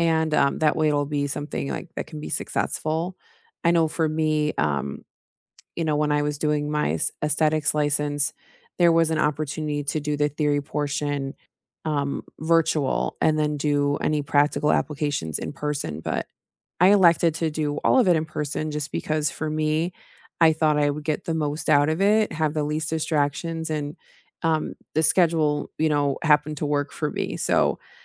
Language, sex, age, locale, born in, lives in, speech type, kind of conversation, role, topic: English, female, 35-39, en-US, United States, United States, conversation, unstructured, none, Which study habits have actually stuck with you, and what keeps you coming back to them?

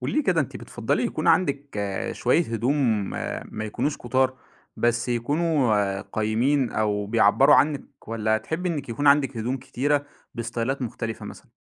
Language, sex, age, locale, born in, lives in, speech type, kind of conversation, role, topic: Arabic, male, 25-29, ar-EG, Egypt, Egypt, conversation, podcast, host, هل تميل أكتر لخزانة لبس صغيرة ولا تفضّل يكون عندك هدوم كتير ومتنوّعة بتعبّر عنك؟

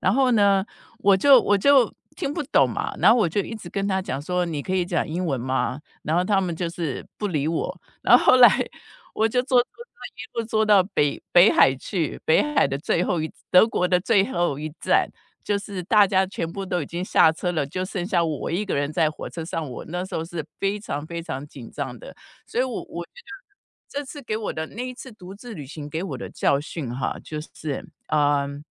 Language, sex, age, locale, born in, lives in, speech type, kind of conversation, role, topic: Chinese, female, 55-59, zh-TW, Taiwan, United States, conversation, podcast, guest, 你从独自旅行中学到的最重要的一件事是什么？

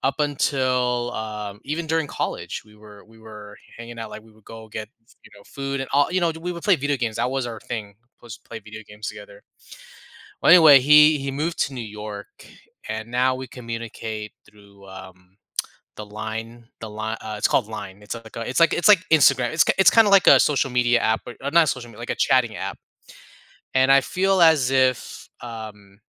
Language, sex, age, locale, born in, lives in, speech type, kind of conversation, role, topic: English, male, 35-39, en-US, United States, United States, conversation, advice, user, How do I handle feeling hurt and decide whether to reach out to a friend who’s drifting away?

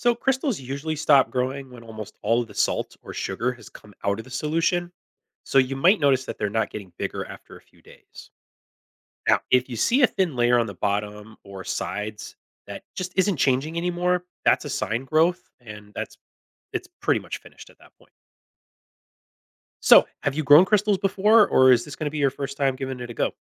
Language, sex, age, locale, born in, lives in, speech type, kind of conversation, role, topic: English, male, 40-44, en-US, United States, United States, read, expert-assistant, expert, What makes a crystal grow in certain shapes?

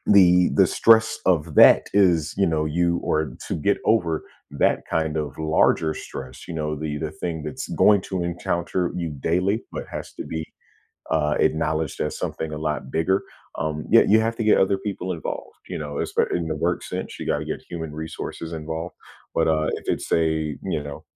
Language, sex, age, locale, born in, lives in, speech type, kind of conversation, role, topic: English, male, 30-34, en-US, United States, United States, conversation, podcast, guest, What are some ways people can tell when it's time to seek support for their mental health?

